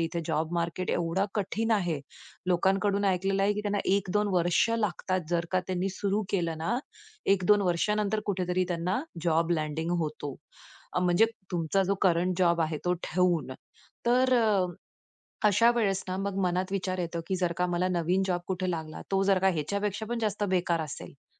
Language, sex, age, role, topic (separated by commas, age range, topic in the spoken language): Marathi, female, 35-39, guest, निर्णय घ्यायला तुला अडचण का होते?